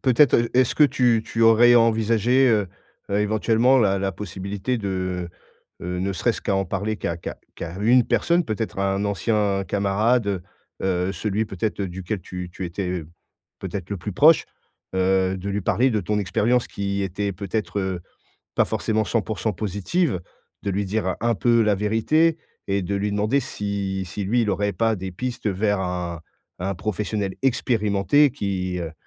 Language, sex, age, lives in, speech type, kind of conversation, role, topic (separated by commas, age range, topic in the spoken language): French, male, 40-44, France, conversation, advice, advisor, Comment trouver un mentor qui me convient ?